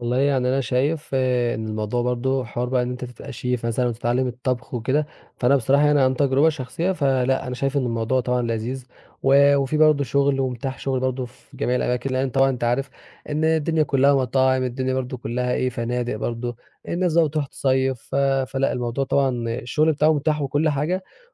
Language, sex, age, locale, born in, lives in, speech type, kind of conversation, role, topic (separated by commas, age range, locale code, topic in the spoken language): Arabic, male, 20-24, ar-EG, Egypt, Egypt, conversation, podcast, guest, إزاي اتعلمت الطبخ في الأول؟